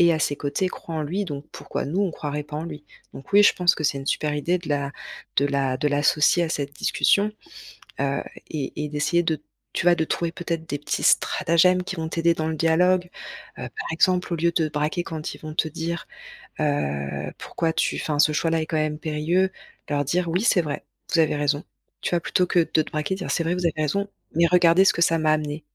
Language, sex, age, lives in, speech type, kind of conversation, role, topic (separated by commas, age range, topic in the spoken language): French, female, 35-39, France, conversation, advice, advisor, Comment puis-je accueillir la critique sans me sentir attaqué ni me mettre sur la défensive ?